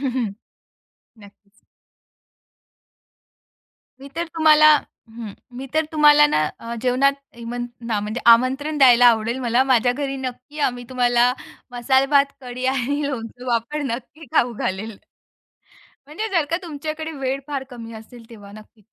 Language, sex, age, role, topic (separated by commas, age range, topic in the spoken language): Marathi, female, 35-39, guest, तुम्हाला तुमच्या घरी जेवायला बोलावलं तर तुम्ही काय बनवून द्याल?